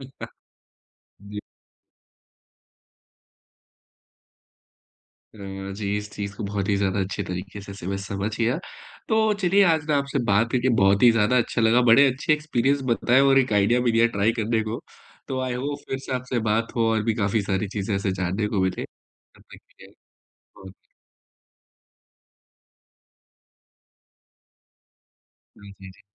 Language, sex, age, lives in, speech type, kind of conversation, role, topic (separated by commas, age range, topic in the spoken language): Hindi, male, 25-29, India, conversation, podcast, host, Subah ki chai peene ka tumhara roz ka tareeka kya hota hai?